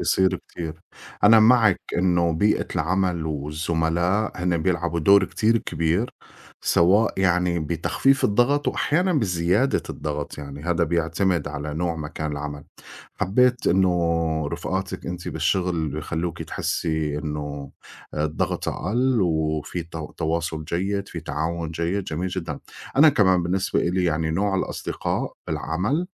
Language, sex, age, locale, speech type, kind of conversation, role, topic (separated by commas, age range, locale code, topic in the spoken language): Arabic, male, 40-44, ar-SY, conversation, unstructured, none, إزاي بتتعامل مع ضغوط يوم الشغل؟